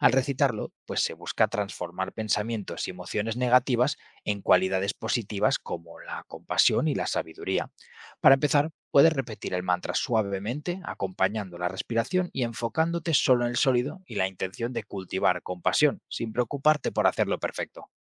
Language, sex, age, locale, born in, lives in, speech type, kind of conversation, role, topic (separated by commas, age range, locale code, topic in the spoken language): Spanish, male, 25-29, es-ES, Spain, Spain, read, expert-assistant, expert, ¿Cómo se entiende y transforma el karma en el budismo y el hinduismo?